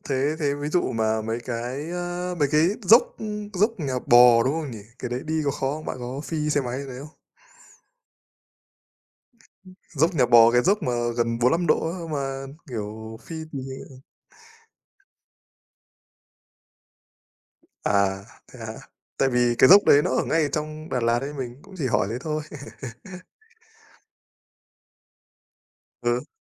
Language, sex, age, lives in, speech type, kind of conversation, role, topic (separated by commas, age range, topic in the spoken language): Vietnamese, male, 25-29, Vietnam, conversation, unstructured, none, Bạn muốn đi đâu nếu có thể đi ngay bây giờ?